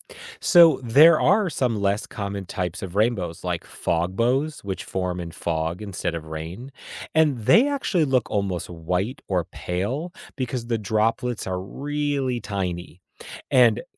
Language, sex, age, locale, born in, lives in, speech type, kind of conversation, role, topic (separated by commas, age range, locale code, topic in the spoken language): English, male, 45-49, en-US, United States, United States, read, expert-assistant, expert, How do rainbows form in the sky?